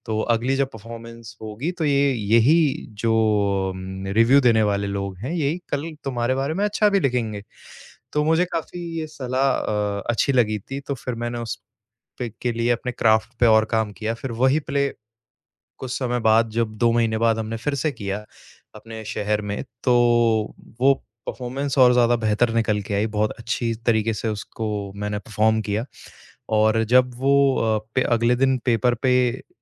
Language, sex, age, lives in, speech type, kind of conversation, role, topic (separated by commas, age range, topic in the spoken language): Hindi, male, 25-29, India, conversation, advice, user, प्रदर्शन समीक्षा में नकारात्मक टिप्पणियों से मेरे करियर पर क्या असर पड़ सकता है?